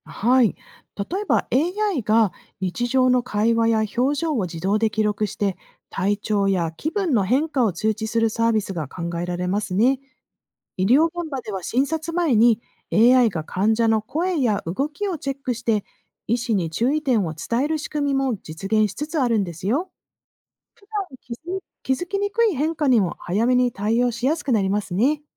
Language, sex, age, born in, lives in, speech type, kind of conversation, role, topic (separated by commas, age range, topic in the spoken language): Japanese, female, 50-54, Japan, United States, read, expert-assistant, expert, テクノロジーの進化により、今後どんな予防ケアの方法が生まれる可能性があると思いますか？